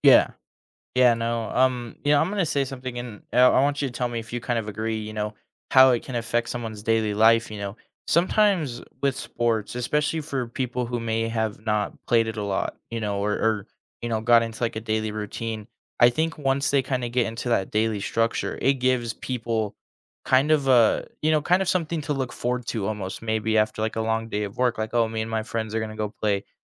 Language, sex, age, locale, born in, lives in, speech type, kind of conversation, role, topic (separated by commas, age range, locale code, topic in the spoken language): English, male, 20-24, en-US, United States, United States, conversation, podcast, host, How can being involved in sports shape different aspects of your everyday life?